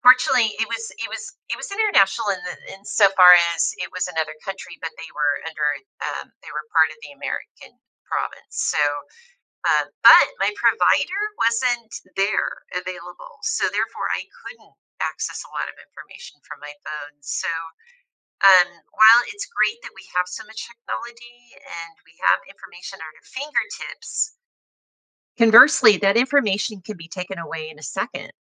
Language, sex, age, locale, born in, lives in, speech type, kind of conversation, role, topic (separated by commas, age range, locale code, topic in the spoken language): English, female, 55-59, en-US, United States, United States, conversation, podcast, host, What practical steps can we take to keep our personal information safe online?